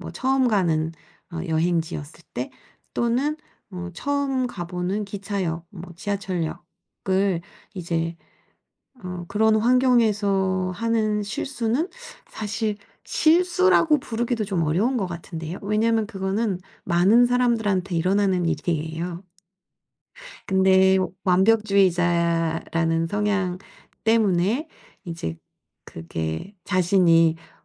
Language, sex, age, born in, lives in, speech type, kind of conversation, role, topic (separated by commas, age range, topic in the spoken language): Korean, female, 45-49, South Korea, South Korea, conversation, advice, advisor, 실수했을 때 빠르게 회복하려면 어떻게 해야 하나요?